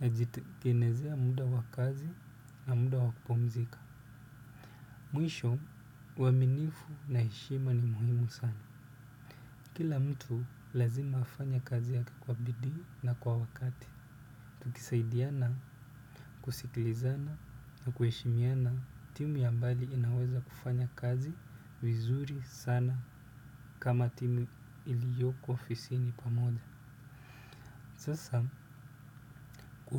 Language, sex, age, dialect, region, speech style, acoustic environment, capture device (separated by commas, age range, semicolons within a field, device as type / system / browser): Swahili, male, 25-34, Kenya - Nairobi Sheng-influenced, Kenya, relaxed, silence, desktop / Windows / Chrome